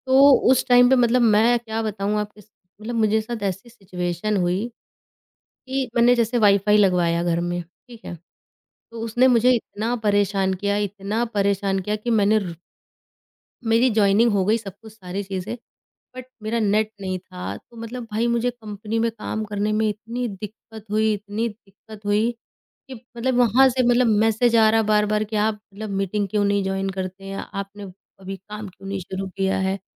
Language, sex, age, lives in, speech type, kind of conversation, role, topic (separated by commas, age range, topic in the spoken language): Hindi, female, 30-34, India, conversation, podcast, guest, Work from home mein boundaries kaise set karte ho?